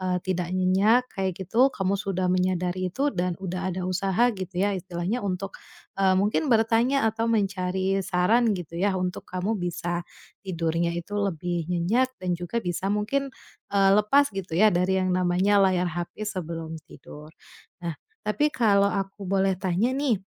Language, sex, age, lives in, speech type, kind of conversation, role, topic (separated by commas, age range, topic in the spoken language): Indonesian, female, 30-34, Indonesia, conversation, advice, advisor, Apakah kebiasaan menatap layar sebelum tidur membuat Anda sulit rileks dan tertidur?